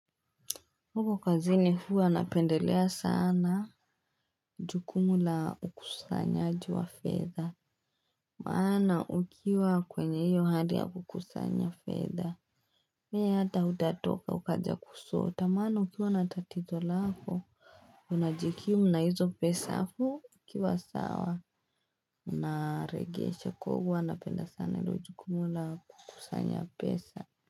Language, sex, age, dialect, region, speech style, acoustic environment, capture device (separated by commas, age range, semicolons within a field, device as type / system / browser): Swahili, female, 18-24, Kenya - Nairobi Sheng-influenced, Kenya, anxious, people talking, desktop / Linux / Chrome